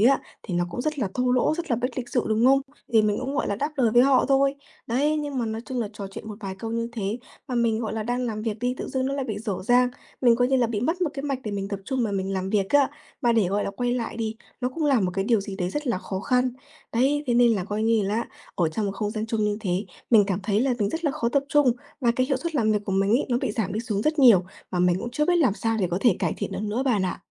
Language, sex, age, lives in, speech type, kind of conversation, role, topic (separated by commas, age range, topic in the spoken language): Vietnamese, female, 20-24, Vietnam, conversation, advice, user, Làm sao để cải thiện khả năng tập trung khi làm việc trong không gian chung?